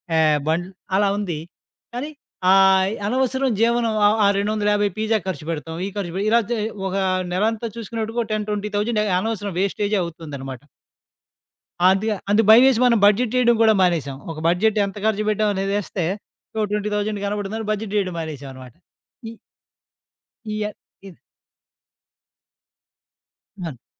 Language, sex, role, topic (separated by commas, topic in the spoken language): Telugu, male, guest, మనకు సమయం, డబ్బు రెండింటిలో ఏదికి ఎక్కువ విలువ ఇస్తాం?